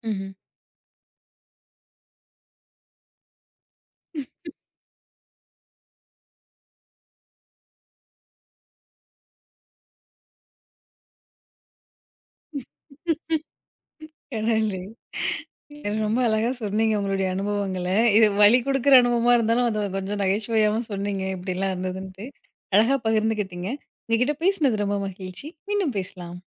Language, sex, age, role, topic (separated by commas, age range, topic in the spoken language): Tamil, female, 30-34, host, இசையோடு உங்களை இணைக்கும் ஒரு முக்கியமான நினைவைப் பற்றி சொல்ல முடியுமா?